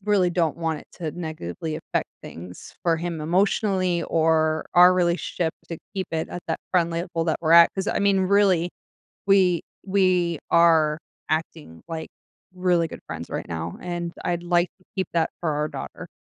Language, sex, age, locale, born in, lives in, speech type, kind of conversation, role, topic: English, female, 40-44, en-US, United States, United States, conversation, advice, user, How should I apologize for an accidental message?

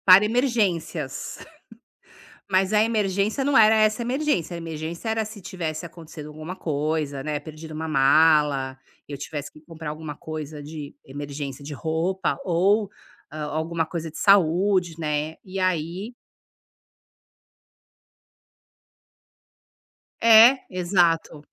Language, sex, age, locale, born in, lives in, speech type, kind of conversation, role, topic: Portuguese, female, 50-54, pt-BR, Brazil, United States, conversation, podcast, guest, Quando você foi furtado(a) ou perdeu seus pertences durante uma viagem, o que aconteceu e o que você fez?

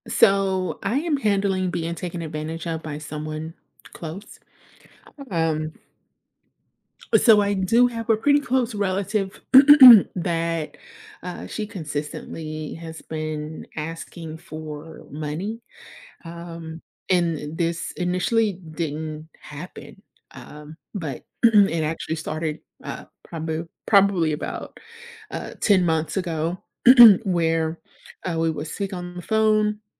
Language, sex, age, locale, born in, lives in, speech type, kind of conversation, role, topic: English, female, 30-34, en-US, United States, United States, conversation, advice, user, How can I set boundaries with someone who takes advantage of me?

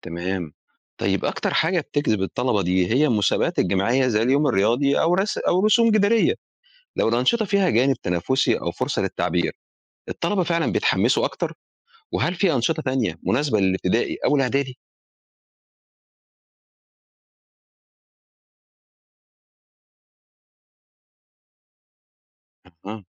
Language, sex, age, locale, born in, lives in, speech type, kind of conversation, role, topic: Arabic, male, 45-49, ar-EG, Egypt, Egypt, read, expert-assistant, asker, يعني إيه نظام التعليم المجاني في مصر؟ وليه اتعمل أساسًا؟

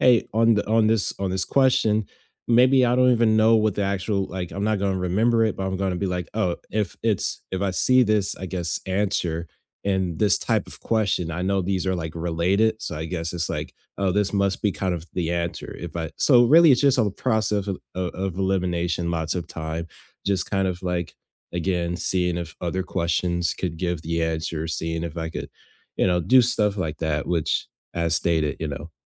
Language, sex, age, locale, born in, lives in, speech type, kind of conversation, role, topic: English, male, 20-24, en-US, United States, United States, conversation, unstructured, none, What's your opinion on standardized testing?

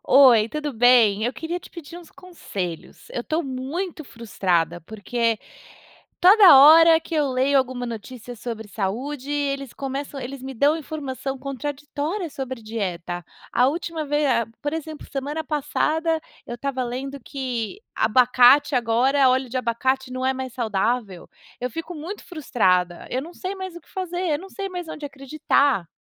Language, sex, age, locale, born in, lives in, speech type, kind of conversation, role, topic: Portuguese, female, 35-39, pt-BR, Brazil, United States, conversation, advice, user, Como posso lidar com informações contraditórias sobre dietas na mídia?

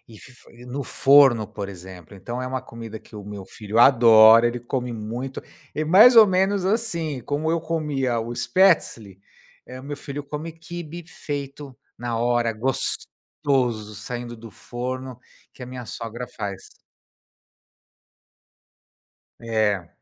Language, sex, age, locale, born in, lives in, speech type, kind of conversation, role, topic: Portuguese, male, 55-59, pt-BR, Brazil, United States, conversation, podcast, guest, De que jeito os avós influenciam os valores dos netos?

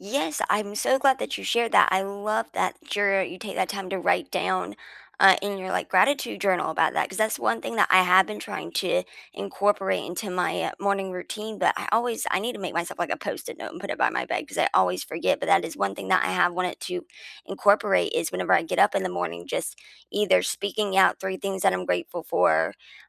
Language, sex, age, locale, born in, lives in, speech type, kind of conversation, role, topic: English, female, 25-29, en-US, United States, United States, conversation, unstructured, none, What small daily rituals make your mornings better?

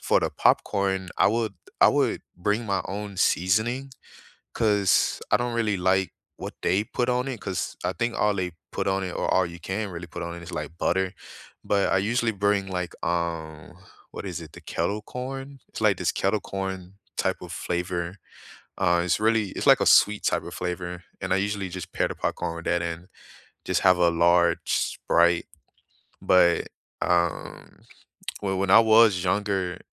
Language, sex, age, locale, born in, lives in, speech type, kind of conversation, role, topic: English, male, 25-29, en-US, United States, United States, conversation, unstructured, none, What are your favorite theater snack combinations for movies or live shows?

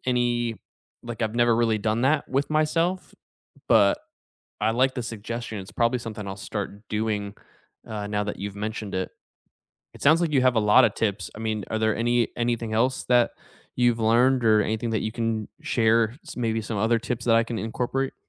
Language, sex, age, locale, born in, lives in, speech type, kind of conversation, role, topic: English, male, 30-34, en-US, United States, United States, conversation, unstructured, none, What homework habits have actually worked for you?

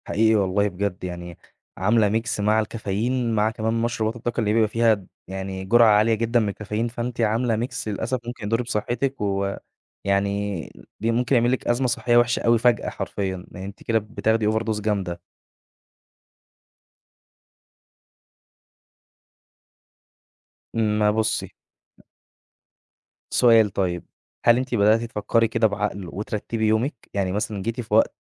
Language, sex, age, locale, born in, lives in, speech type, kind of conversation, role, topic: Arabic, male, 20-24, ar-EG, Egypt, Egypt, conversation, advice, advisor, إزاي الكافيين والكحول بيأثروا على جودة نومي؟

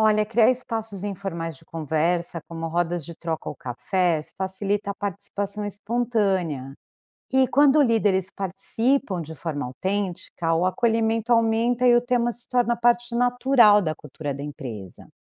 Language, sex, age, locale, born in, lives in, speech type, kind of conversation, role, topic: Portuguese, female, 45-49, pt-BR, Brazil, United States, read, expert-assistant, expert, Como o marketing influencia nossa identidade e bem-estar no trabalho?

